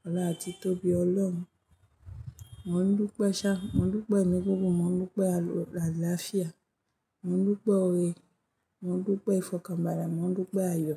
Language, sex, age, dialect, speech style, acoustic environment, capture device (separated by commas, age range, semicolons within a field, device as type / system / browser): Yoruba, female, 25-34, Nigeria - Ijebu, happy; focused, traffic; music; nature, mobile / Linux / Chrome